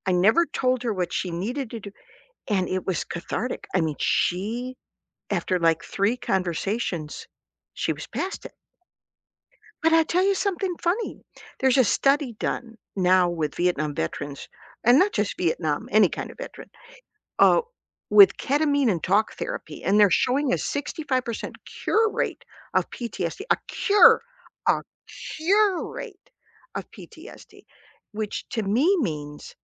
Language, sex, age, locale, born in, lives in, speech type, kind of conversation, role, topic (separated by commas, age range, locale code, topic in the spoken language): English, female, 60-64, en-US, United States, United States, conversation, unstructured, none, Why do people often feel lonely when grieving?